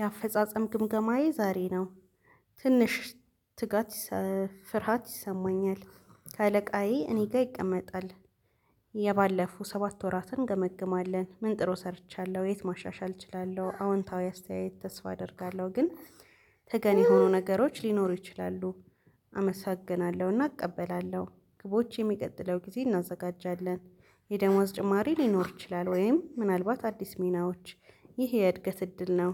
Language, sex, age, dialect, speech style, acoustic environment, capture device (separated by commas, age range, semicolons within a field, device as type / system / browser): Amharic, female, 25-34, Ethiopia - Addis Ababa, relaxed, nature, mobile / Linux / Chrome